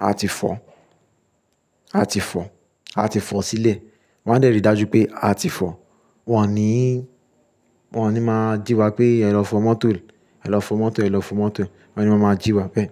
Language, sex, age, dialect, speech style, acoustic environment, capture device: Yoruba, male, 25-34, Nigeria - Lagos Yoruba, relaxed, silence, mobile / Linux / Chrome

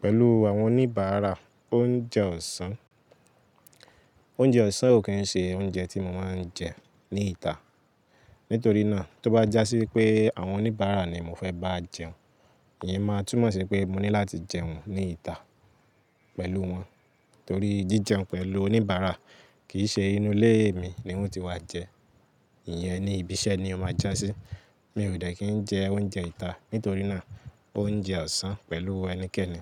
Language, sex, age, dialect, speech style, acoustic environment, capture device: Yoruba, male, 18-24, Nigeria - Lagos Yoruba, focused; excited; happy, people talking; nature, mobile / Linux / Chrome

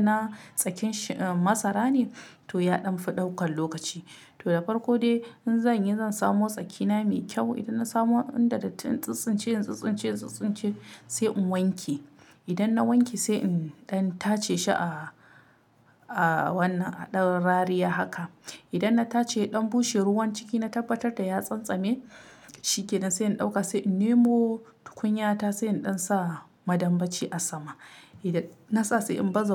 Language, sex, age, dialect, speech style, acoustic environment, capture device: Hausa, female, 25-34, Nigeria - Kano, relaxed; excited, silence, mobile / Linux / Chrome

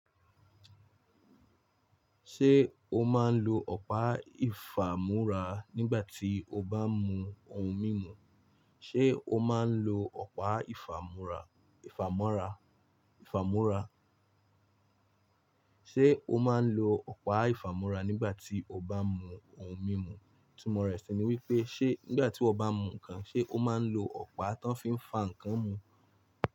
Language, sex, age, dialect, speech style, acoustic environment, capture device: Yoruba, male, 25-34, Nigeria - Lagos Yoruba, focused, appliances; silence, mobile / Linux / Chrome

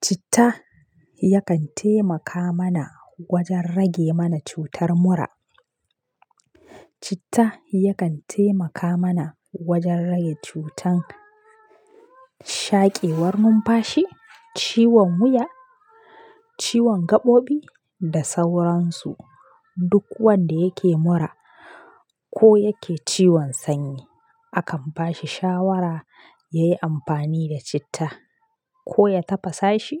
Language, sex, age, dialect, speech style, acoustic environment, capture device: Hausa, female, 25-34, Nigeria - Kano, happy, silence, mobile / Linux / Chrome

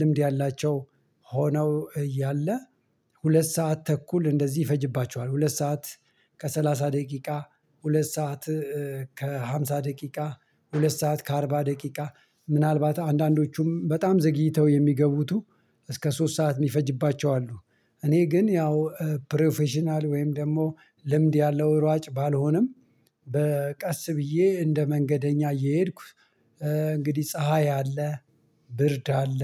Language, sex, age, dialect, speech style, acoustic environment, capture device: Amharic, male, 45-54, Ethiopia - Addis Ababa, anxious; stressed, silence, mobile / Linux / Chrome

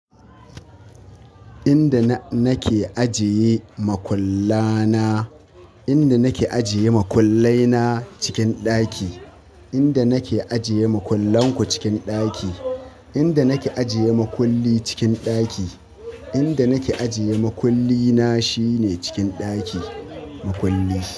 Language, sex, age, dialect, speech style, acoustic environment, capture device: Hausa, male, 25-34, Nigeria - Katsina, happy, people talking, mobile / Linux / Chrome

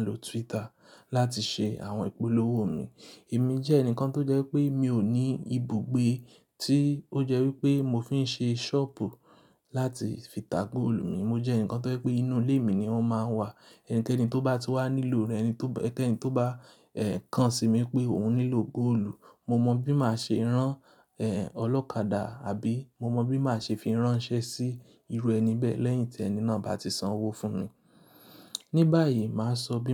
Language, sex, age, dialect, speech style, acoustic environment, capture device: Yoruba, male, 25-34, Nigeria - Lagos Yoruba, relaxed, silence, mobile / Linux / Chrome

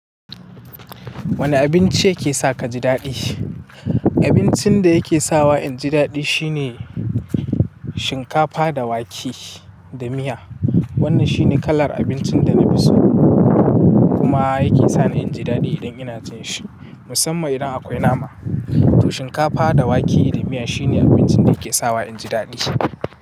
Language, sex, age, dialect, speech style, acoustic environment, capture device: Hausa, male, 18-24, Nigeria - Katsina, happy, silence; people talking, mobile / Linux / Chrome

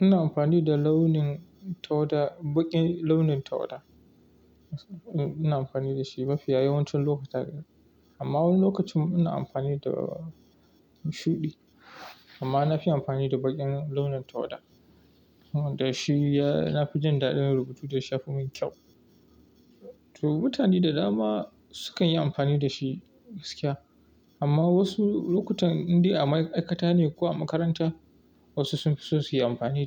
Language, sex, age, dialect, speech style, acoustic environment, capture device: Hausa, male, 25-34, Nigeria - Kano, happy; relaxed, silence; appliances, mobile / Linux / Chrome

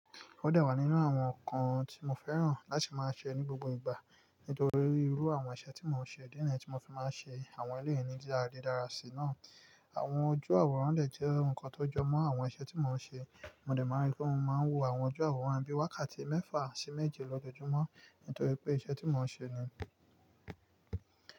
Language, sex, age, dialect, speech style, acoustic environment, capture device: Yoruba, male, 25-34, Nigeria - Nigerian Standard, relaxed, nature, mobile / Linux / Chrome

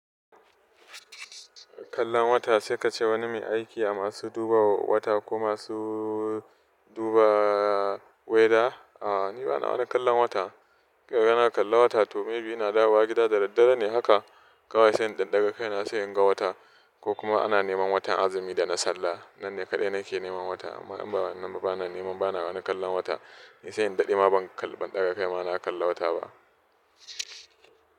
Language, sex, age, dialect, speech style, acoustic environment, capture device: Hausa, male, 25-34, Nigeria - Nigerian Standard, happy; relaxed, construction, desktop / Linux / Chrome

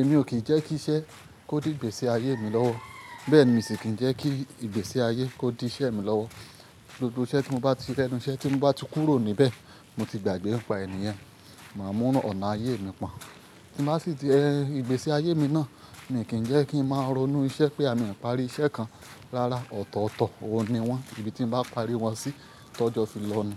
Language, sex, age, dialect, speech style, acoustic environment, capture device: Yoruba, male, 25-34, Nigeria - Ibadan, focused, nature, mobile / Linux / Chrome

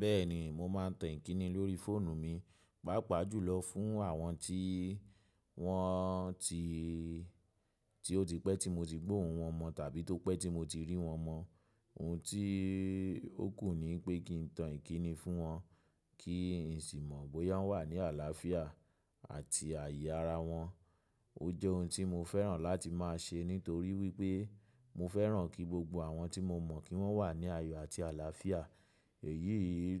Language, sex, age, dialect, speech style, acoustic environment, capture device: Yoruba, male, 25-34, Nigeria - Lagos Yoruba, relaxed, nature, mobile / macOS / Safari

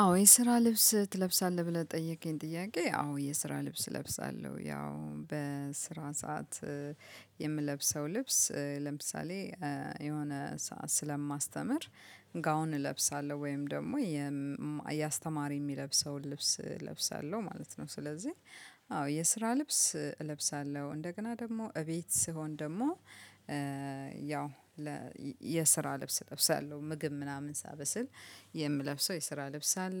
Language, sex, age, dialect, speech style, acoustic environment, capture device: Amharic, female, 35-44, Ethiopia - Addis Ababa, happy, nature, mobile / Linux / Chrome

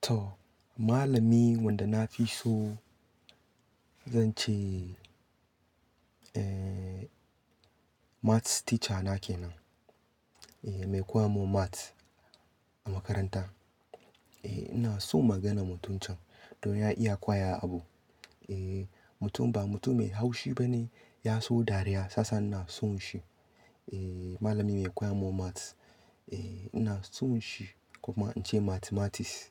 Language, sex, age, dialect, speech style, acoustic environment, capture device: Hausa, male, 25-34, Nigeria - Katsina, happy, nature, desktop / Linux / Chrome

